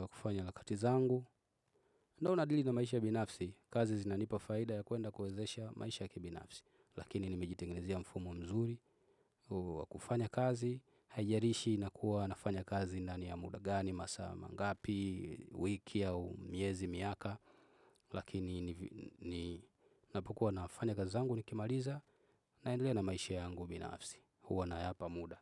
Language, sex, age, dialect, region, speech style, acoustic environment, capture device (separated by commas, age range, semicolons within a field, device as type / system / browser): Swahili, male, 25-34, Tanzania - Dar es Salaam, Tanzania, focused, people talking, desktop / Windows / Chrome